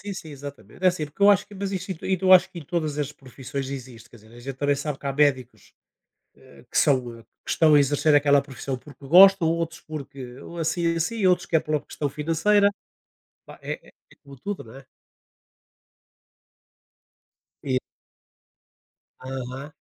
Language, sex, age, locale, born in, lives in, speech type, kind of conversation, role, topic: Portuguese, male, 60-64, pt-PT, Portugal, Portugal, conversation, unstructured, none, Como você acha que a escola pode melhorar para os alunos?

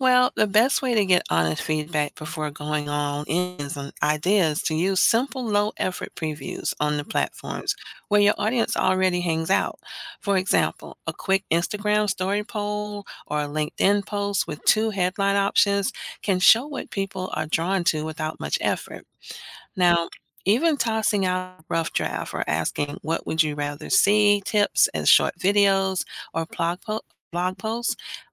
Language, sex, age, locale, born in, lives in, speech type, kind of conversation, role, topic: English, female, 50-54, en-US, United States, United States, read, expert-assistant, expert, How can a small team create high-quality digital content with limited time and budget?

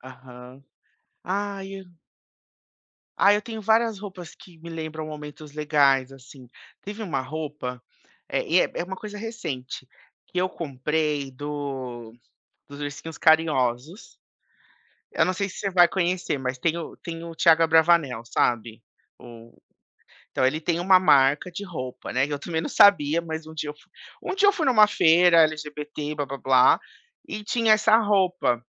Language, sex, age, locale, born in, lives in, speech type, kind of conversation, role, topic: Portuguese, male, 30-34, pt-BR, Brazil, United States, conversation, podcast, guest, Como você descreveria seu estilo pessoal?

